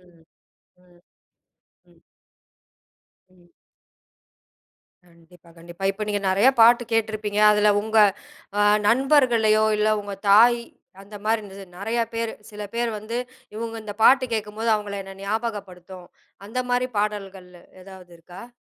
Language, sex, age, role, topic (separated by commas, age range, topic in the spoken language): Tamil, female, 40-44, host, ஒரு பாடலைக் கேட்கும் போது உங்களை முதலில் ஈர்ப்பது சொற்களா, அல்லது மெட்டா?